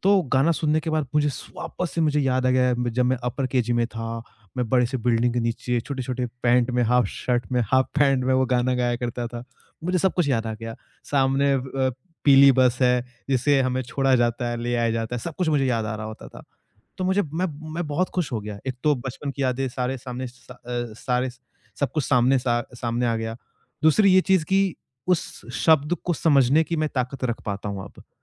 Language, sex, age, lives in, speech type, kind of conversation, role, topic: Hindi, male, 25-29, India, conversation, podcast, guest, Kya koi aisa gaana hai jo tumhe bachpan ki yaad dilaata hai?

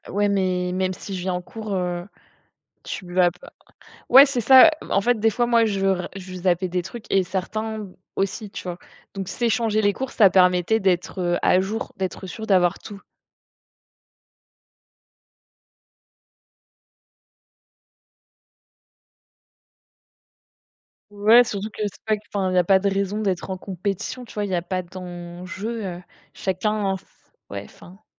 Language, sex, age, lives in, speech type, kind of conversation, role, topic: French, female, 25-29, France, conversation, unstructured, none, Quelle compétence aimeriez-vous développer pour mieux gérer le stress ?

